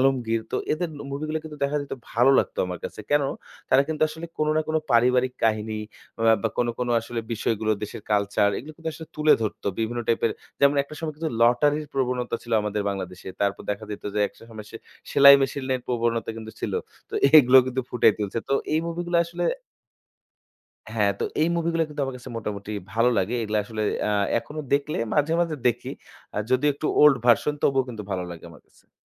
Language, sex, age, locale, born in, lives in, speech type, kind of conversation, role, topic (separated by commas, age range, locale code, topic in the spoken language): Bengali, male, 25-29, bn-BD, Bangladesh, Bangladesh, conversation, podcast, guest, আপনি কোন সিনেমা বারবার দেখতে পছন্দ করেন?